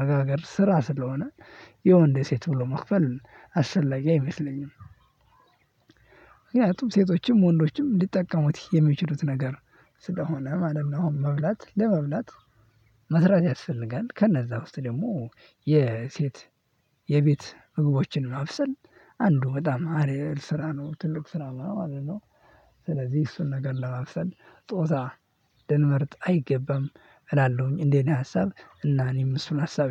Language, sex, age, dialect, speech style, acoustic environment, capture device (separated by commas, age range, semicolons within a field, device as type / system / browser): Amharic, male, 18-24, Ethiopia - Addis Ababa, happy; anxious; nervous, people talking; silence, mobile / Linux / Chrome